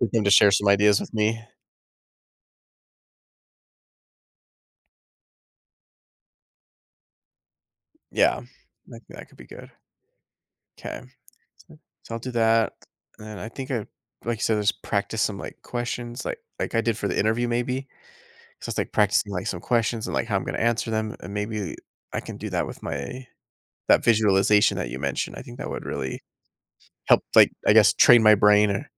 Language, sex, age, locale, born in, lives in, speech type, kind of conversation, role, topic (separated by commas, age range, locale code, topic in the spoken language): English, male, 30-34, en-US, United States, United States, conversation, advice, user, How can I manage nerves before starting a new job?